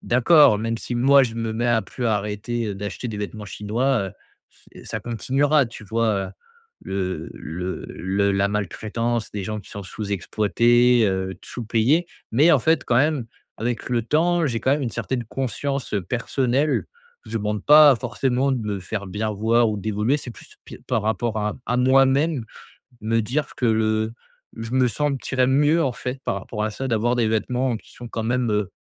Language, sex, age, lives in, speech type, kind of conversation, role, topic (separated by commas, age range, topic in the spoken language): French, male, 25-29, France, conversation, advice, user, Comment acheter des vêtements de qualité sans se ruiner ?